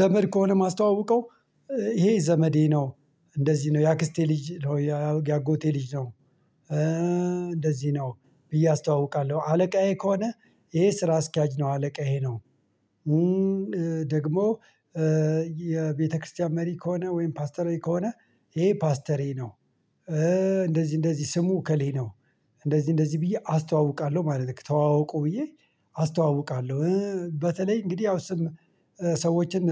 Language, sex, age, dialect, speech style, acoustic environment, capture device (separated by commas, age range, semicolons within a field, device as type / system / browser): Amharic, male, 45-54, Ethiopia - Addis Ababa, anxious; stressed, silence, mobile / Linux / Chrome